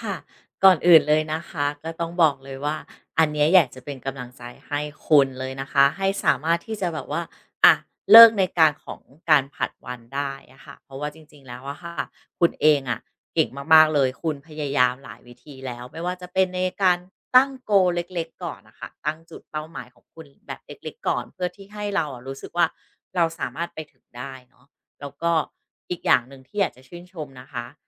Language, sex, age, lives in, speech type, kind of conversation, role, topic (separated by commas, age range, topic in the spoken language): Thai, female, 40-44, Thailand, conversation, advice, advisor, จะเลิกผัดวันประกันพรุ่งและเริ่มลงมือทำงานได้อย่างไร?